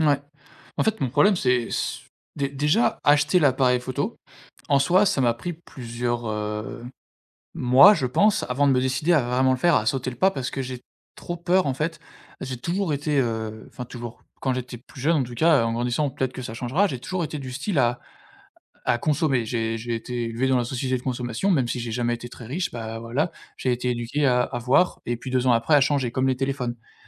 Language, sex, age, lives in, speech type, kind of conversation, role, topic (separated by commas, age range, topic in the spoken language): French, male, 25-29, France, conversation, advice, user, Comment gérer mon hésitation face à un gros achat et la peur de le regretter ?